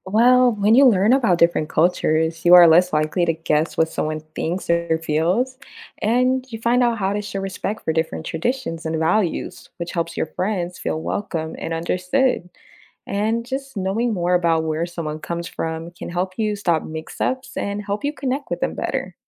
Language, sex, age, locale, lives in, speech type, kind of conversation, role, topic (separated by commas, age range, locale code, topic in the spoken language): English, female, 25-29, en-US, United States, read, expert-assistant, expert, How does understanding different cultures make you a better friend?